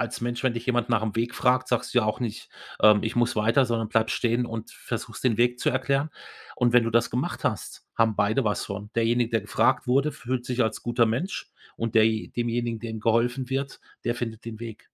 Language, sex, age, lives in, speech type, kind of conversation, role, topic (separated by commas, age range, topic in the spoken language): German, male, 55-59, Germany, conversation, podcast, guest, Welche Tipps hast du für Einsteiger?